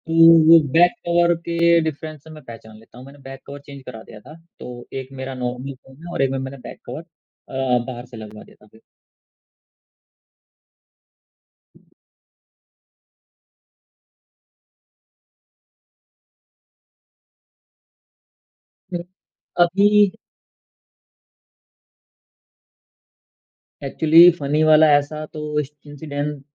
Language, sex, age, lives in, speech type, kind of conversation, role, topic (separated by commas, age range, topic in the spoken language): Hindi, male, 35-39, India, conversation, podcast, guest, Professional aur personal messages ko alag kaise rakhte ho?